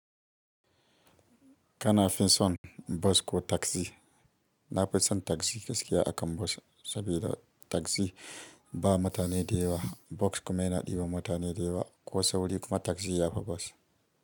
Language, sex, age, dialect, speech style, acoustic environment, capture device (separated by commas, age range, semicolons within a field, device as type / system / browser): Hausa, female, 25-34, Nigeria - Kano, happy; excited; focused, silence, mobile / Linux / Chrome